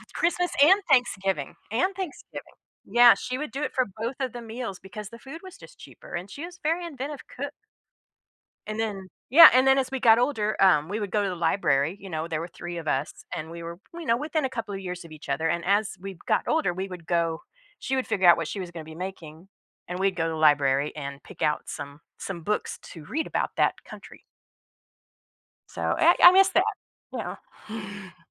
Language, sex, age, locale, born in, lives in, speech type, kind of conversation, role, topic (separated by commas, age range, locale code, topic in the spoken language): English, female, 50-54, en-US, United States, United States, conversation, unstructured, none, How do family traditions shape our memories and connections?